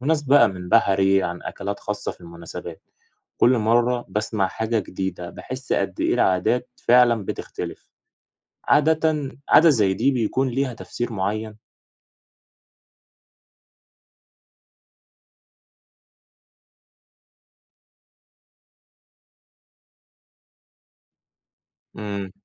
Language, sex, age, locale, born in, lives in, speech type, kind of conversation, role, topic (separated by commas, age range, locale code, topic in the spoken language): Arabic, male, 20-24, ar-EG, Egypt, Egypt, read, expert-assistant, asker, إزاي أعرف إن الكلام اللي بيتقال عن العادات والتقاليد في مصر جاي من تجربة حقيقية ولا مجرد حد بيحكي؟